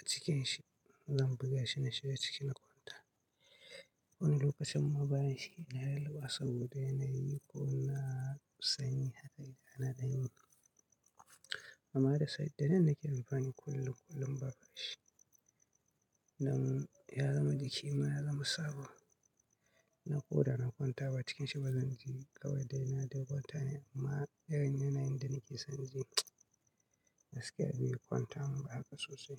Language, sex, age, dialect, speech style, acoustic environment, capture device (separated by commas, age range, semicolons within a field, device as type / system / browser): Hausa, male, 25-34, Nigeria - Katsina, relaxed; stressed; excited; focused; nervous; happy; anxious; tired, silence; nature; appliances; construction; traffic; people talking; music, mobile / Linux / Chrome